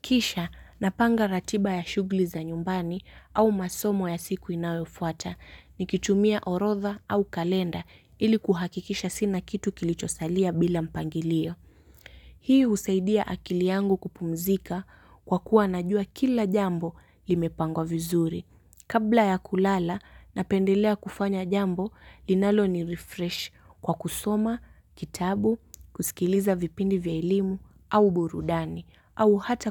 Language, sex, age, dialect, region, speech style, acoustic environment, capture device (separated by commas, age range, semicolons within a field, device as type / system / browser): Swahili, female, 18-24, Kenya - Mombasa, Kenya, relaxed, nature, mobile / Linux / Chrome